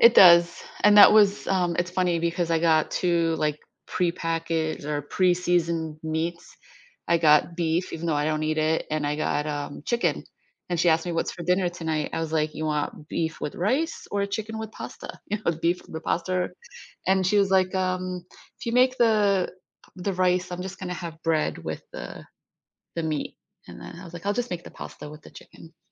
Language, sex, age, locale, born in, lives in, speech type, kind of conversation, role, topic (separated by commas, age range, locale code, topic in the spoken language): English, female, 50-54, en-US, United States, United States, conversation, podcast, guest, How does sharing a homemade meal bring people together?